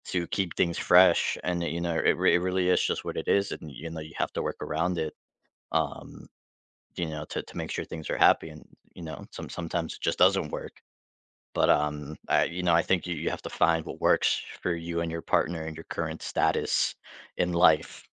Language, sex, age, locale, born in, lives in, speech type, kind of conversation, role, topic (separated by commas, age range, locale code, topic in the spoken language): English, male, 25-29, en-US, United States, United States, conversation, unstructured, none, What is a low-pressure way to check in about relationship needs and wants?